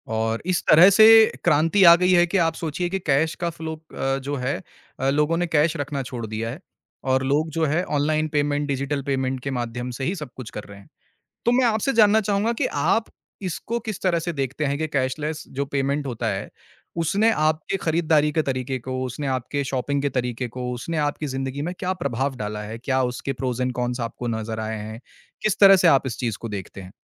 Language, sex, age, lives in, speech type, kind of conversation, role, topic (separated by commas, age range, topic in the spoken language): Hindi, male, 25-29, India, conversation, podcast, host, Cashless payments ne shopping kaise change kiya hai?